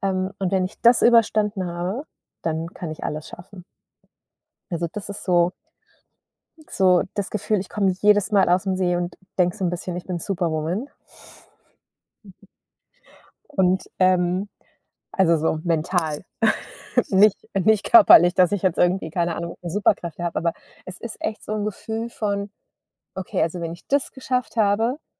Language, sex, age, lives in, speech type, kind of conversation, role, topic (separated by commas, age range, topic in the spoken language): German, female, 40-44, Germany, conversation, podcast, guest, Wie stärkst du deine Resilienz im Alltag?